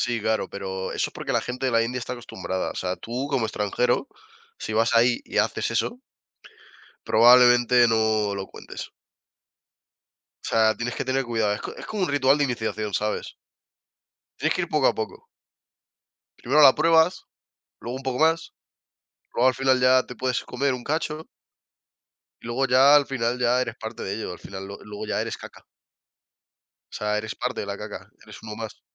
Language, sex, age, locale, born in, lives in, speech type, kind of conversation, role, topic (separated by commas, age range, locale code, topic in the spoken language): Spanish, male, 20-24, es-ES, Spain, Spain, conversation, unstructured, none, ¿Cuál es tu forma favorita de relajarte después de un día largo?